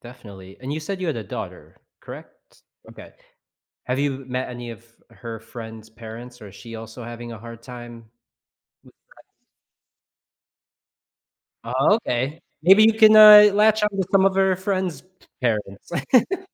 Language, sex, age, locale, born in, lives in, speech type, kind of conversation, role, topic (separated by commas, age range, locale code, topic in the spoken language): English, male, 35-39, en-US, United States, United States, conversation, advice, advisor, How can I find and build meaningful friendships as an adult?